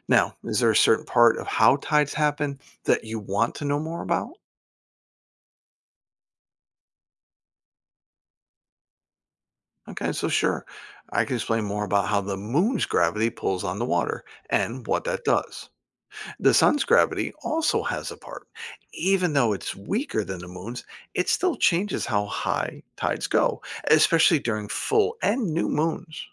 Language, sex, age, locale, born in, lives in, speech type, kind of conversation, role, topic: English, male, 50-54, en-US, United States, United States, read, expert-assistant, expert, What causes ocean tides to rise and fall?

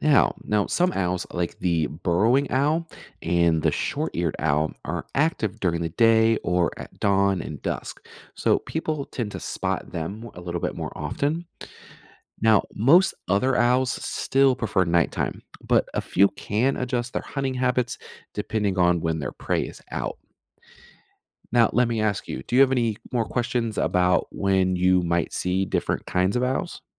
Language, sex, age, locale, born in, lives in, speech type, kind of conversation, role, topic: English, male, 30-34, en-US, United States, United States, read, expert-assistant, expert, Why do owls have such good night vision?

